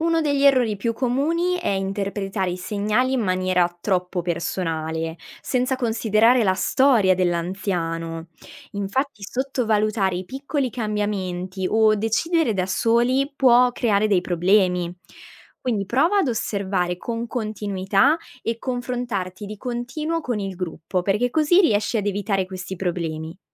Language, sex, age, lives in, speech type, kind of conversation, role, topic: Italian, female, 20-24, Italy, read, expert-assistant, expert, Come si può diventare più bravi a capire di cosa hanno bisogno davvero i pazienti?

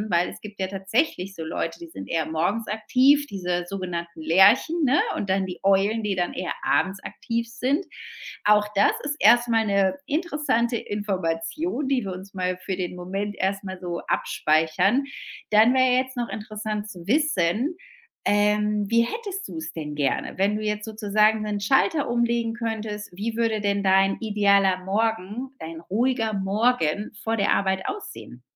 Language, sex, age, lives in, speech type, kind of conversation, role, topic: German, female, 35-39, Spain, conversation, advice, advisor, Wie kann ich mir eine entspannte Morgenroutine für einen ruhigen Start in den Tag gestalten?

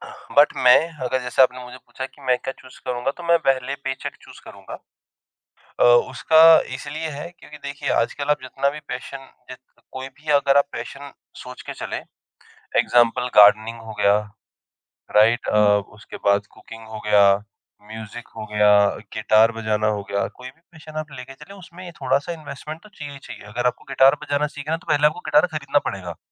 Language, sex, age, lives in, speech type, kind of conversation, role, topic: Hindi, female, 55-59, India, conversation, podcast, guest, Passion aur paison mein se aap kya chunenge?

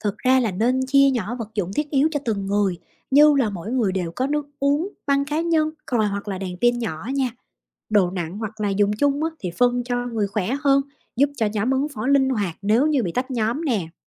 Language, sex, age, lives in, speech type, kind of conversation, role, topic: Vietnamese, female, 30-34, United States, read, expert-assistant, expert, Làm sao đảm bảo an toàn và tinh thần cho nhóm khi đối mặt nguy hiểm ngoài biển?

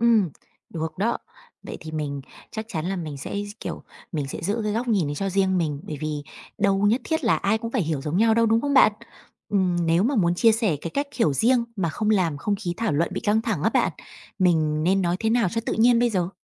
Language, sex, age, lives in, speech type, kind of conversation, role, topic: Vietnamese, female, 30-34, Vietnam, read, expert-assistant, asker, Khi đọc một cuốn sách, làm thế nào để khám phá những điều ẩn sau câu chuyện ngoài nội dung chính?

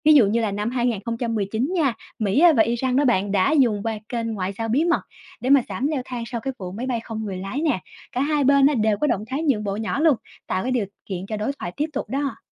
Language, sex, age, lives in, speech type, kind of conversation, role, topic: Vietnamese, female, 25-29, Vietnam, read, expert-assistant, expert, Điều gì khiến hai quốc gia dễ trở thành đối tác hoặc đối thủ trên trường quốc tế?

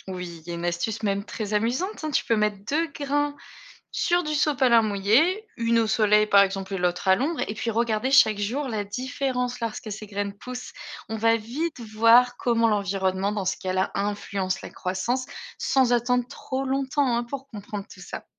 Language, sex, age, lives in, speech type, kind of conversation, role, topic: French, female, 35-39, Germany, read, expert-assistant, expert, Comment rendre l’apprentissage de l’évolution plus vivant et concret au quotidien ?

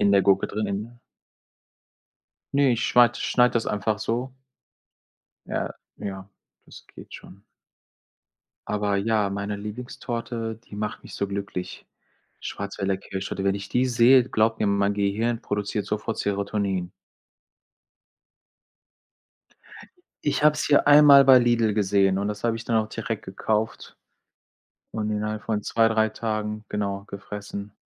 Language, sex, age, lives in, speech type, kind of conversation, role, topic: German, male, 45-49, Germany, conversation, unstructured, none, Was ist dein Lieblingsessen und warum magst du es so gerne?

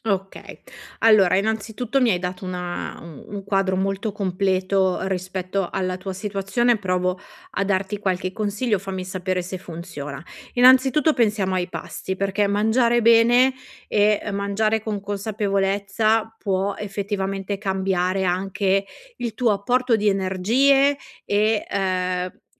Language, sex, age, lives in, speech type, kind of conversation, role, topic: Italian, female, 40-44, Italy, conversation, advice, advisor, Come posso costruire nuove abitudini salutari nella mia vita?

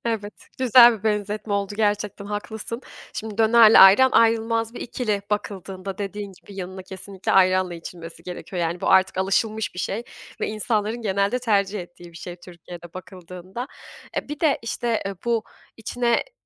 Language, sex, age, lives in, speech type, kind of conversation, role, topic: Turkish, female, 25-29, Germany, conversation, podcast, host, Kültürel mirasın değerini derinden hissettiğin bir yeri anlatır mısın?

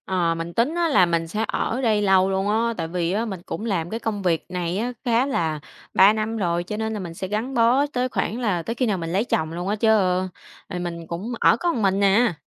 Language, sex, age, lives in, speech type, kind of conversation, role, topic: Vietnamese, female, 25-29, Vietnam, conversation, advice, user, Làm sao để ước tính và tiết kiệm chi phí chuyển nhà khi tôi chưa biết bắt đầu từ đâu?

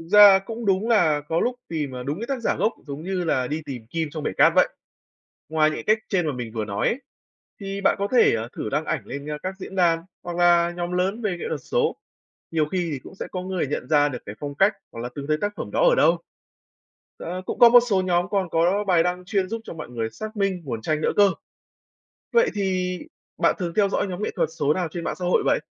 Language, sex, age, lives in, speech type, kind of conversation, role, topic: Vietnamese, male, 20-24, Vietnam, read, expert-assistant, expert, Làm thế nào để xác minh nguồn gốc và bảo vệ quyền tác giả cho tranh số trên mạng xã hội?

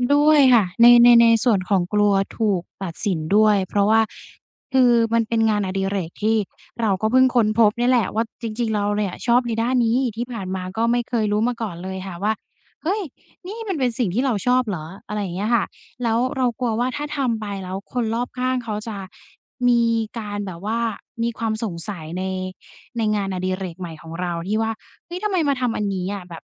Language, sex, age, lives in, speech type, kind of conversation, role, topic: Thai, female, 20-24, Thailand, conversation, advice, user, ทำอย่างไรให้ฉันเอาชนะความกลัวและกล้าลองสิ่งใหม่ ๆ ได้?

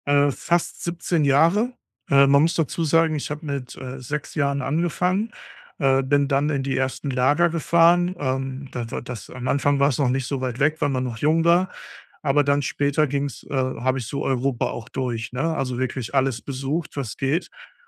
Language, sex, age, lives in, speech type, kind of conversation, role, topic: German, male, 35-39, Germany, conversation, advice, user, Wie kann ich durch ehrenamtliches Engagement vor Ort mehr Sinn in meinem Leben finden?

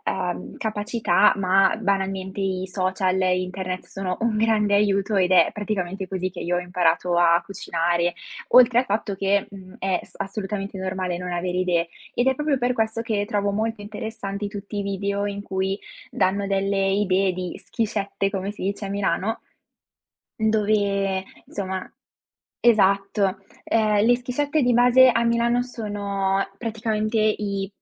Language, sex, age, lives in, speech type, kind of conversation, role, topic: Italian, female, 20-24, Italy, conversation, podcast, guest, Come ti organizzi per mangiare sano anche quando sei impegnato?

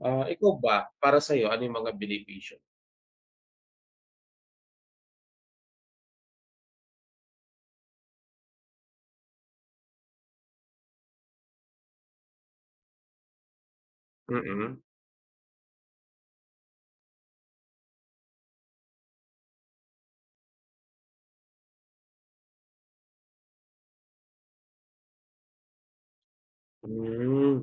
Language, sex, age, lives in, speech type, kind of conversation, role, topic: Filipino, male, 25-29, Philippines, conversation, unstructured, none, Anu-ano ang mga benepisyong naidudulot sa iyo ng iyong libangan?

